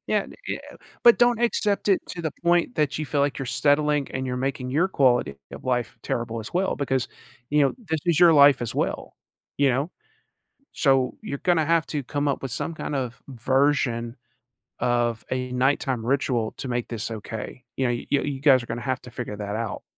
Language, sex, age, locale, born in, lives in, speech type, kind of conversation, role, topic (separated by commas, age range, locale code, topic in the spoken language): English, male, 40-44, en-US, United States, United States, conversation, advice, advisor, How can I communicate calmly and resolve recurring disagreements with my partner?